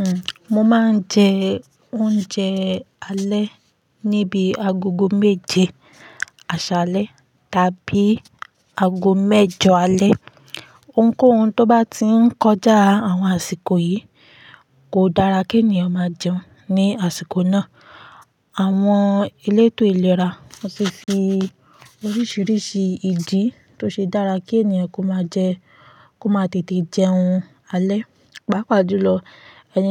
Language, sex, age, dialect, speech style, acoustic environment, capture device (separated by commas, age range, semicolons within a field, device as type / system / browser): Yoruba, female, 25-34, Nigeria - Ibadan, focused; happy, silence, mobile / Linux / Chrome